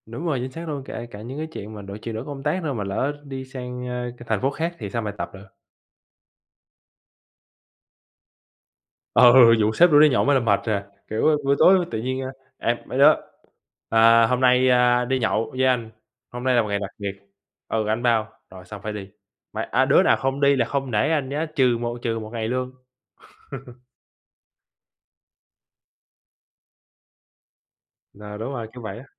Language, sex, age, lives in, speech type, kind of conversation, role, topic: Vietnamese, male, 25-29, United States, conversation, unstructured, none, Bạn nghĩ việc tập thể dục hằng ngày có thực sự cần thiết không?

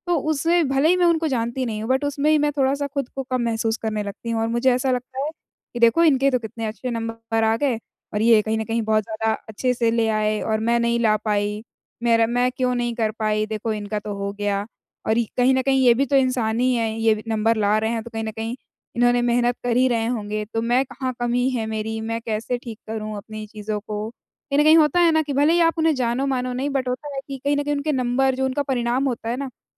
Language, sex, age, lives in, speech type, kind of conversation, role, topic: Hindi, female, 20-24, India, conversation, advice, user, मैं दूसरों से तुलना करना छोड़कर अपनी कदर कैसे करूँ?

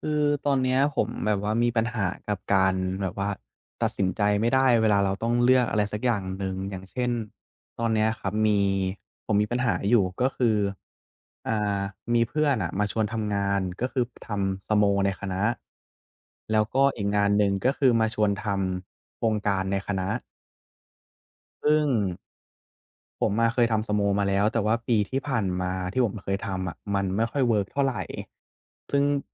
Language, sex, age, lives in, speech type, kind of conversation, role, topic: Thai, male, 20-24, Thailand, conversation, advice, user, ฉันควรตัดสินใจอย่างไรเมื่อมีตัวเลือกมากเกินไป?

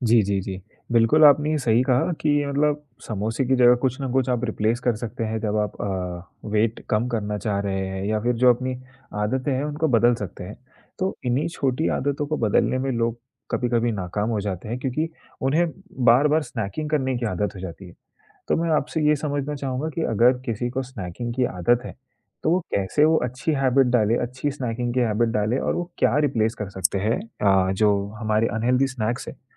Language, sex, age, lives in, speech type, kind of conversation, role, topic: Hindi, male, 20-24, India, conversation, podcast, host, Samosa aur chai jaise treats ko kaise balance karte ho?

